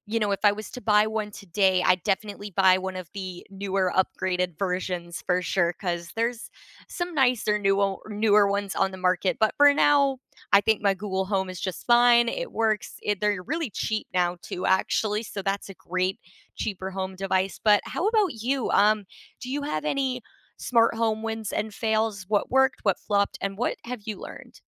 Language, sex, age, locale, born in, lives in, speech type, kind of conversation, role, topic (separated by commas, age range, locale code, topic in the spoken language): English, female, 20-24, en-CA, Canada, Canada, conversation, unstructured, none, What are your biggest smart home wins and fails, and what did you learn from them?